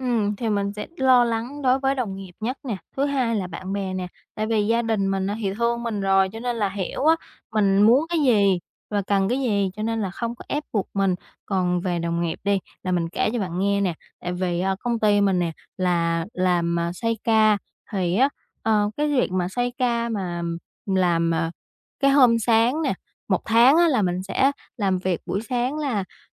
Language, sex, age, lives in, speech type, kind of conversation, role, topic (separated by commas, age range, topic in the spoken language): Vietnamese, female, 20-24, Vietnam, conversation, advice, user, Tại sao tôi ngại đặt giới hạn với người khác vì sợ làm họ buồn?